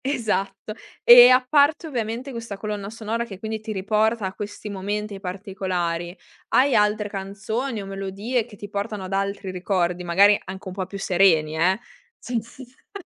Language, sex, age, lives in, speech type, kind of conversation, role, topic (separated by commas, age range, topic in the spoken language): Italian, female, 20-24, Italy, conversation, podcast, host, Quale canzone ti riporta subito a un ricordo intenso?